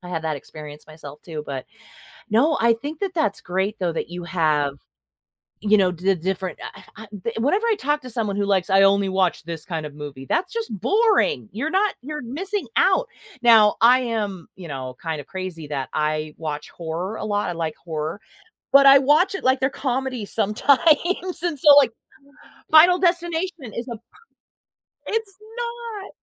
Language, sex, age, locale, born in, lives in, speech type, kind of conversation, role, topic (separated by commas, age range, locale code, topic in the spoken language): English, female, 45-49, en-US, United States, United States, conversation, podcast, host, Why do some movies leave a lasting impression on us while others fade from memory?